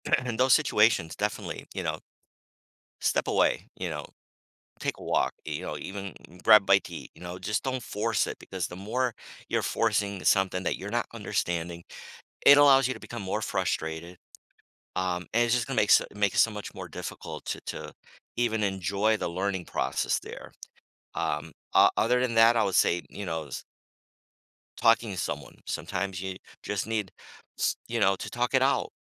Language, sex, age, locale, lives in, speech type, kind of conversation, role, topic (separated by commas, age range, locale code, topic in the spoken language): English, male, 55-59, en-US, United States, conversation, podcast, guest, What helps you stay motivated when learning something challenging?